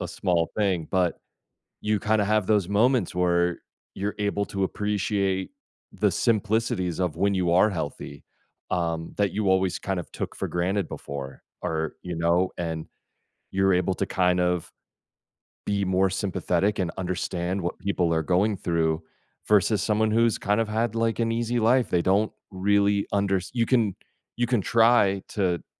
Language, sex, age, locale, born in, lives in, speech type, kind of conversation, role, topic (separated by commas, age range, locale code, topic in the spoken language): English, male, 40-44, en-US, United States, United States, conversation, advice, advisor, How can I meaningfully express gratitude to people who have supported me?